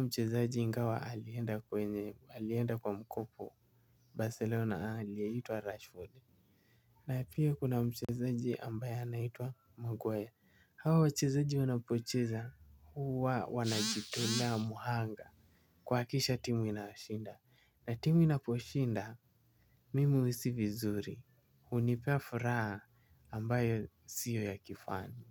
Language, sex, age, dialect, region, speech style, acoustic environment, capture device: Swahili, male, 18-24, Kenya - Mombasa, Kenya, happy; relaxed; focused, silence, mobile / Linux / Chrome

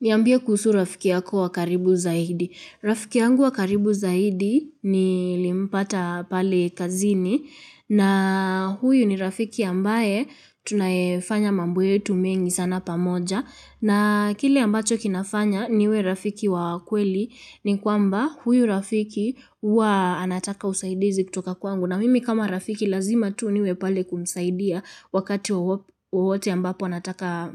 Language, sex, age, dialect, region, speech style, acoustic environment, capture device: Swahili, female, 18-24, Kenya - Nairobi Sheng-influenced, Kenya, relaxed, silence, mobile / Linux / Chrome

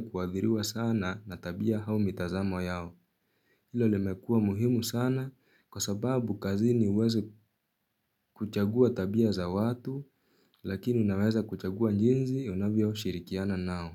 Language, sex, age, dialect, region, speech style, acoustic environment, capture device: Swahili, male, 18-24, Kenya - Nairobi Sheng-influenced, Kenya, anxious, silence, mobile / Linux / Chrome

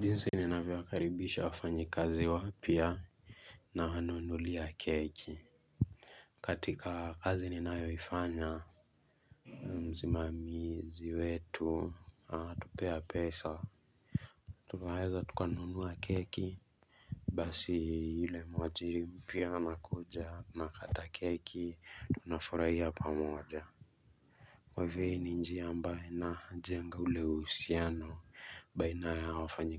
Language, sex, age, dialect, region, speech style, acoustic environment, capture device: Swahili, male, 18-24, Kenya - Mombasa, Kenya, focused, silence, mobile / Linux / Chrome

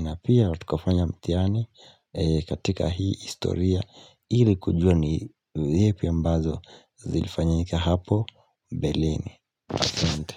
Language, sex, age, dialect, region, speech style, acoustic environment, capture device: Swahili, male, 18-24, Kenya - Mombasa, Kenya, relaxed, silence, mobile / Linux / Chrome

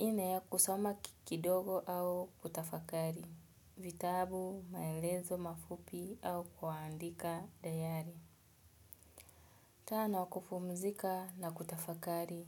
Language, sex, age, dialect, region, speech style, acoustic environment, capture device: Swahili, female, 25-34, Kenya - Nairobi Sheng-influenced, Kenya, relaxed, silence, mobile / Linux / Edge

